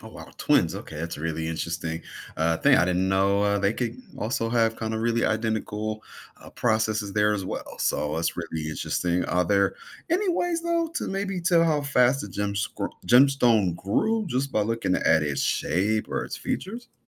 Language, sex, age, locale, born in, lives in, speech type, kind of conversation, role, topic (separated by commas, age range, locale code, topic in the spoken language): English, male, 30-34, en-US, United States, United States, read, expert-assistant, asker, What determines a crystal's growth shape?